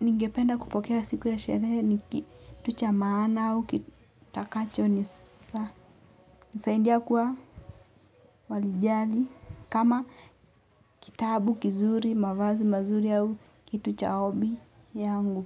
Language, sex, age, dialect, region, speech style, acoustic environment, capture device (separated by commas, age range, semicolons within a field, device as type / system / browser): Swahili, female, 18-24, Kenya - Mombasa, Kenya, focused, nature, mobile / Linux / Chrome